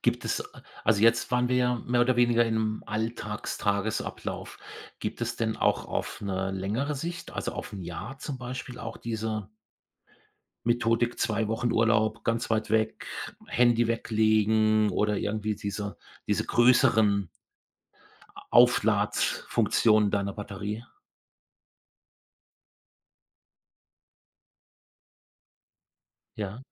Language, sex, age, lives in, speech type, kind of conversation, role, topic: German, male, 55-59, Germany, conversation, podcast, host, Welche täglichen Gewohnheiten stärken deine Widerstandskraft?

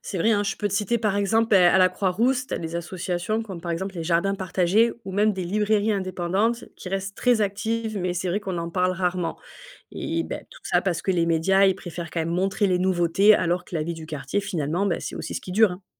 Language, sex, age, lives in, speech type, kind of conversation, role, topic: French, female, 35-39, France, read, expert-assistant, expert, Peut-on vraiment se fier à ce qu’on lit sur les quartiers en mutation dans les médias ?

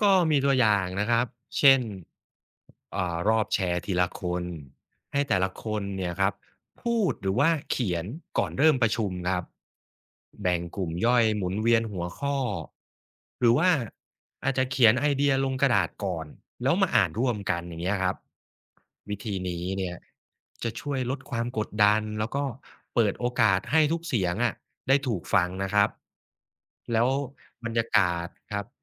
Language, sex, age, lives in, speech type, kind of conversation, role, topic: Thai, male, 35-39, Thailand, read, expert-assistant, expert, เวลาอ่านหรือฟังวิธีแก้ปัญหาความขัดแย้งจากหลายๆ แหล่ง จะรู้ได้อย่างไรว่าวิธีไหนเหมาะกับเรา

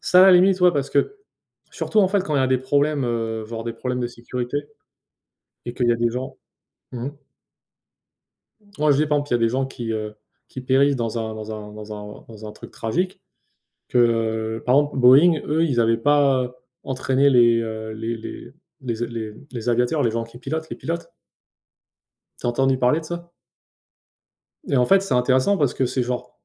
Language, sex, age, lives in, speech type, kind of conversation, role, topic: French, male, 25-29, United States, conversation, unstructured, none, Trouves-tu injuste que certains gagnent beaucoup plus sans travailler davantage ?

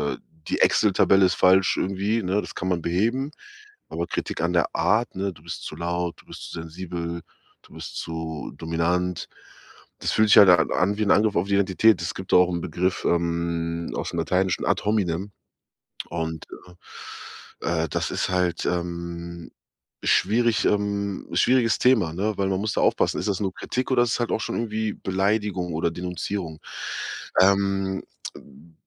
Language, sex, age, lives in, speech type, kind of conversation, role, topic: German, male, 30-34, Germany, conversation, advice, advisor, Wie gehe ich am besten mit Kritik an meiner Art um?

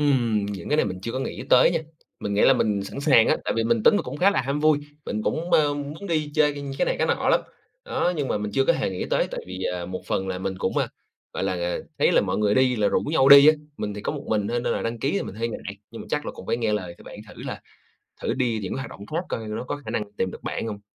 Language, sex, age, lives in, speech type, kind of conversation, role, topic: Vietnamese, male, 25-29, Vietnam, conversation, advice, user, Vì sao càng lớn tuổi bạn càng thấy khó kết bạn mới, và bạn muốn kết bạn để làm gì?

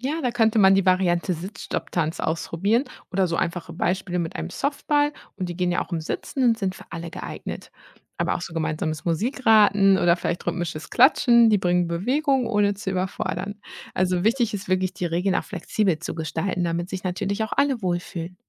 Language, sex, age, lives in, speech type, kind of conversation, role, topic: German, female, 30-34, Germany, read, expert-assistant, expert, Wie kann man am besten den Alltag gestalten, um gesund zu bleiben – gibt es einfache Tricks oder Pläne, die wirklich helfen?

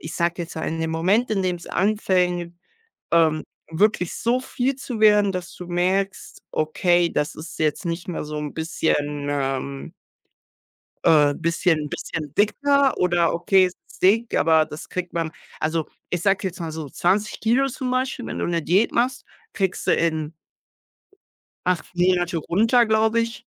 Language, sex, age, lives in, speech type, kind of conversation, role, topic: German, male, 18-19, Germany, conversation, unstructured, none, Wie beeinflusst Sport deine Stimmung?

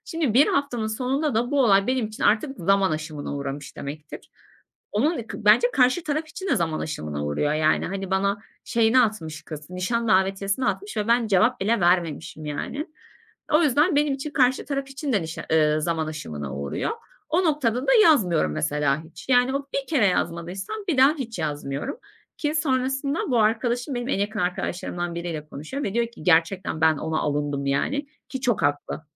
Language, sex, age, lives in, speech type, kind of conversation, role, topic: Turkish, female, 25-29, Germany, conversation, advice, user, Sosyal bağlantıları sürdürmek için düzenli iletişim alışkanlığı nasıl edinebilirim?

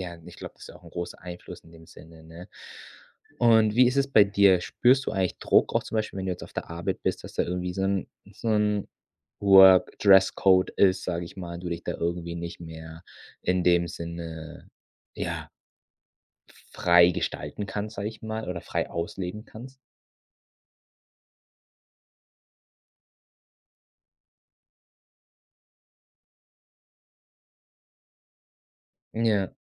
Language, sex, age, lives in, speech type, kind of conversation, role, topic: German, male, 25-29, Germany, conversation, podcast, host, Wie hat sich dein Kleidungsstil im Laufe der Jahre verändert?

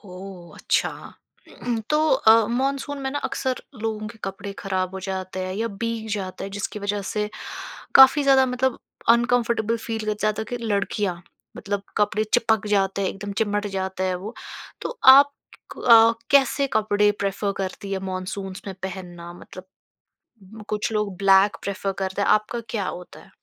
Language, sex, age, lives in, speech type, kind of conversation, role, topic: Hindi, female, 25-29, India, conversation, podcast, host, Monsoon आते ही आपका रोज़ का रूटीन कैसे बदल जाता है?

